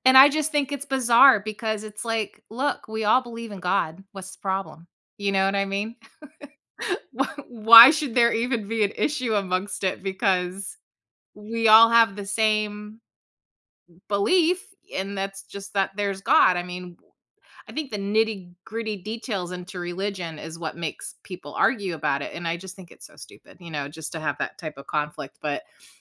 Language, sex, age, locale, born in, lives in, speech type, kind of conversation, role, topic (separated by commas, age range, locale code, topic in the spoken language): English, female, 45-49, en-US, United States, United States, conversation, podcast, host, How do families build strong and lasting relationships over time?